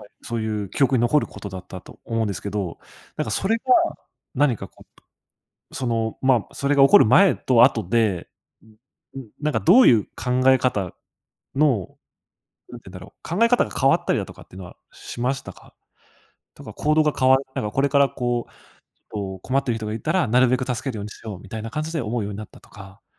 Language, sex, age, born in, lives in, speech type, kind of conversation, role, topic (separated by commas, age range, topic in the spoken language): Japanese, male, 25-29, Japan, Japan, conversation, podcast, host, 旅先で出会った親切な人の話を教えてください。